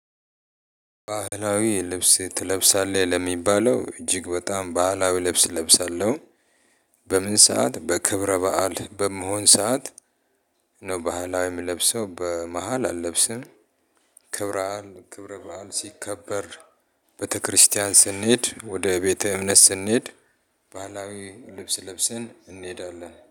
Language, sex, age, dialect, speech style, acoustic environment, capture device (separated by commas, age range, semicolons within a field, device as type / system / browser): Amharic, male, 35-44, Ethiopia - Addis Ababa, happy; relaxed; excited; focused, people talking; appliances, mobile / Linux / Chrome